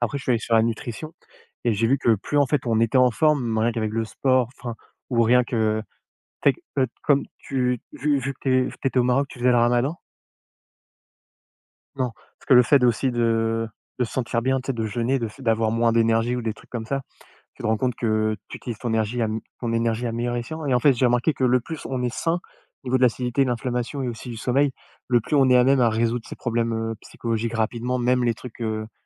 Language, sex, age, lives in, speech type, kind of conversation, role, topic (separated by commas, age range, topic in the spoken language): French, male, 30-34, France, conversation, unstructured, none, Quelle est la plus grande peur que tu as dû surmonter ?